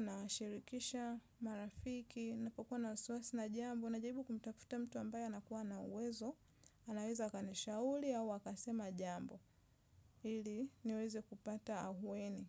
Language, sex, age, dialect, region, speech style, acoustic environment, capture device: Swahili, female, 25-34, Tanzania - Dar es Salaam, Tanzania, relaxed, nature; silence; appliances, mobile / Linux / Chrome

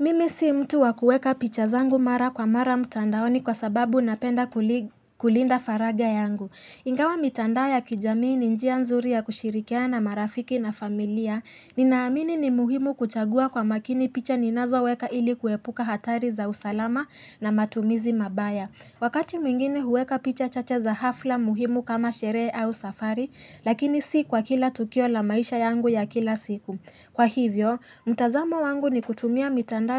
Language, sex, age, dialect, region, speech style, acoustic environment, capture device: Swahili, female, 18-24, Kenya - Nairobi Sheng-influenced, Kenya, relaxed, nature, mobile / Linux / Chrome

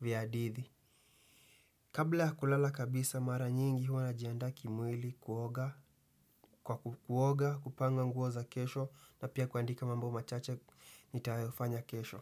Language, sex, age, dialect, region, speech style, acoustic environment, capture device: Swahili, male, 18-24, Kenya - Nairobi Sheng-influenced, Kenya, happy; excited; tired, silence, desktop / Windows / Chrome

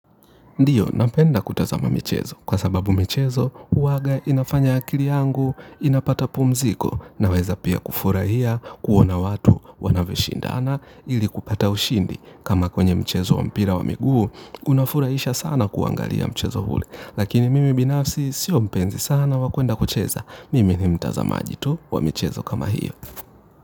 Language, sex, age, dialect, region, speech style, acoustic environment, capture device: Swahili, male, 25-34, Kenya - Nairobi Sheng-influenced, Kenya, relaxed; happy, nature, mobile / Linux / Chrome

